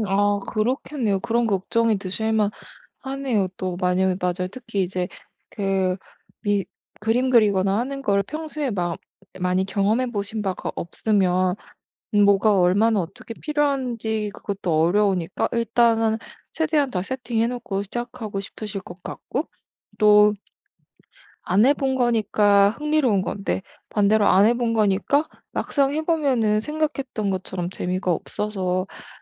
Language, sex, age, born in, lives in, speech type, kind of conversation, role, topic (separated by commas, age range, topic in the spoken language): Korean, female, 25-29, South Korea, Germany, conversation, advice, advisor, 완벽해야 한다는 생각과 실패에 대한 두려움 때문에 새로운 취미를 시작하는 것이 무섭다면 어떻게 하면 좋을까요?